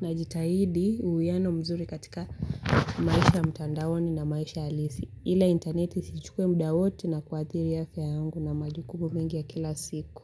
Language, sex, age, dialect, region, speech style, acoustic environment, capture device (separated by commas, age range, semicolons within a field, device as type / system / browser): Swahili, female, 18-24, Kenya - Mombasa, Kenya, relaxed, silence, mobile / Linux / Chrome